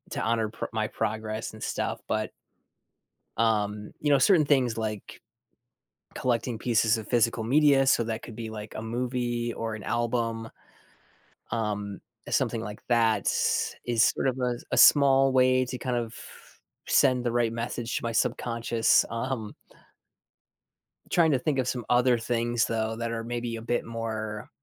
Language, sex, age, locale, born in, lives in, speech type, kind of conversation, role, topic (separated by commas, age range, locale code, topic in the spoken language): English, male, 30-34, en-US, United States, United States, conversation, advice, user, How can I build on completing my recent major project at work?